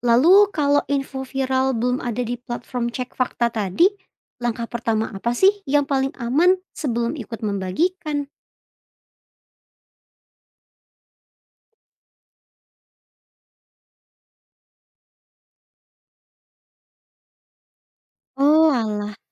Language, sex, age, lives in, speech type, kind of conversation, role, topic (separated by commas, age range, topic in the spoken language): Indonesian, female, 25-29, Indonesia, read, expert-assistant, asker, Bagaimana cara menilai kredibilitas berita viral di media sosial yang sering tidak mencantumkan sumber asli?